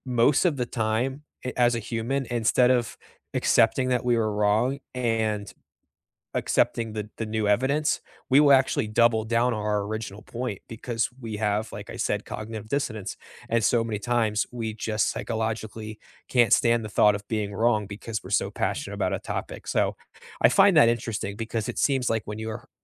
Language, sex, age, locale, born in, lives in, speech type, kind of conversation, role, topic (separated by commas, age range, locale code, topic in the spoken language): English, male, 25-29, en-US, United States, United States, conversation, unstructured, none, What is a surprising lesson you have learned from an argument?